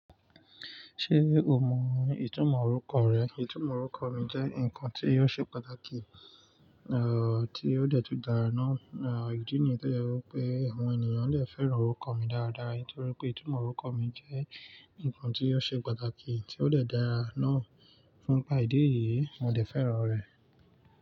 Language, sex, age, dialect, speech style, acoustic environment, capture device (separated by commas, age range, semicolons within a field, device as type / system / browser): Yoruba, male, 25-34, Nigeria - Lagos Yoruba, focused, nature, mobile / Linux / Chrome